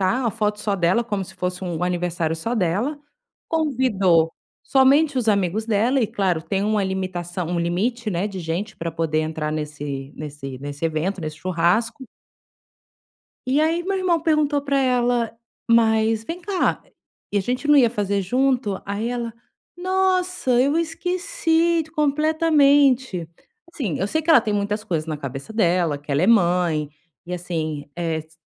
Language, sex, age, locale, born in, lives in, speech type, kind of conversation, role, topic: Portuguese, female, 35-39, pt-BR, Brazil, Spain, conversation, advice, user, Como lidar com conflitos de valores em reuniões familiares?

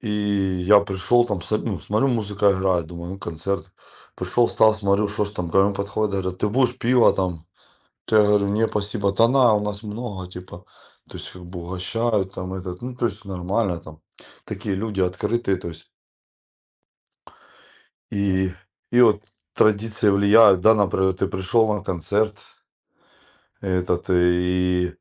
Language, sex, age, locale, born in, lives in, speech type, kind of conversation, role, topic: Russian, male, 40-44, ru-RU, Ukraine, Spain, conversation, unstructured, none, Как местные жители могут повлиять на ваше восприятие времени во время путешествия?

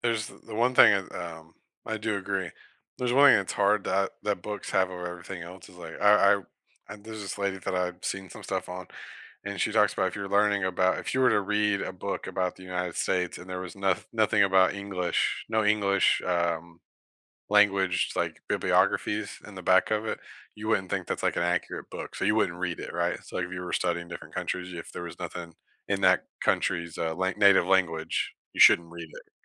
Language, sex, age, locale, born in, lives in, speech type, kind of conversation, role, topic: English, male, 30-34, en-US, United States, United States, conversation, unstructured, none, How do you actually like to learn new things?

